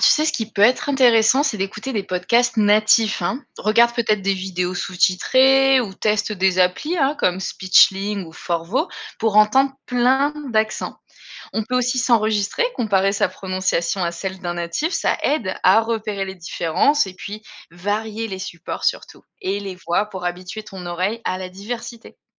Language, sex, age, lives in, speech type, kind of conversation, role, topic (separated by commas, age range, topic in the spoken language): French, female, 35-39, Germany, read, expert-assistant, expert, Comment s’entraîner à reconnaître et distinguer les accents et sons d’une langue étrangère ?